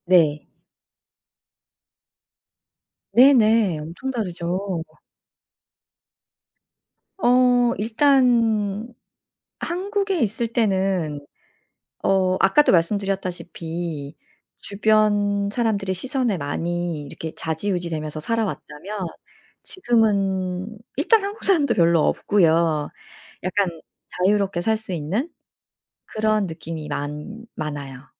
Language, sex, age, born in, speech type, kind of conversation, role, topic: Korean, female, 45-49, South Korea, conversation, podcast, guest, 어떤 실패가 오히려 기회가 되었나요?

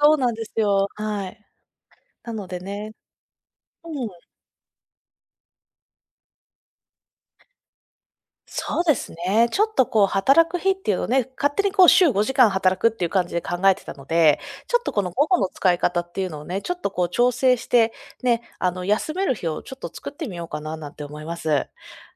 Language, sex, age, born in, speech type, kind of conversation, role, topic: Japanese, female, 30-34, Japan, conversation, advice, user, 日中の強い眠気を和らげるために、効果的な昼寝のとり方はありますか？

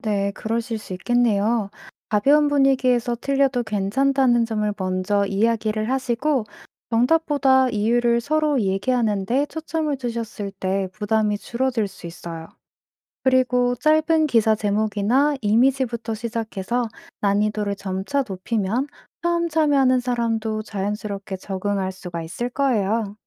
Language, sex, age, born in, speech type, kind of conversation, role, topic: Korean, female, 25-29, South Korea, read, expert-assistant, expert, 디지털 시대의 가짜뉴스 현상을 설명하는 데 도움이 되는 재미있는 비유나 사례가 있을까요?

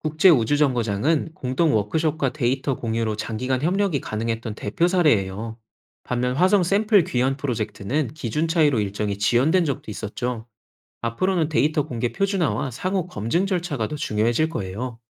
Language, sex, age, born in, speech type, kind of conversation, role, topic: Korean, male, 30-34, South Korea, read, expert-assistant, expert, 인터넷에서 우주 탐사에 대한 다양한 이야기 중, 믿고 참고할 만한 정보는 어떻게 고를 수 있을까요?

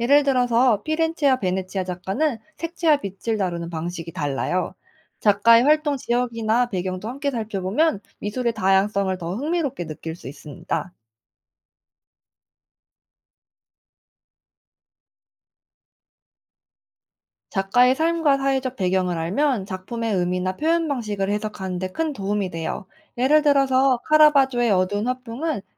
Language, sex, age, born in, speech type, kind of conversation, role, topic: Korean, female, 25-29, South Korea, read, expert-assistant, expert, 특정 시대의 미술 흐름을 더 잘 알고 싶다면, 어디서부터 자료 조사를 시작하는 게 효과적일까요?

